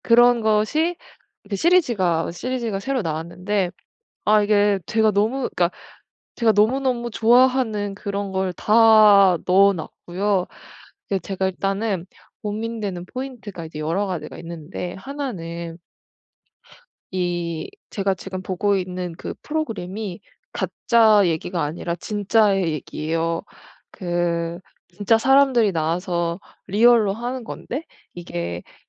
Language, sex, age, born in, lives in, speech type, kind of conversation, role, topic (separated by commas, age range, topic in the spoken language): Korean, female, 25-29, South Korea, Germany, conversation, advice, user, 어떻게 하면 디지털 기기 유혹 없이 휴식을 취할 수 있을까?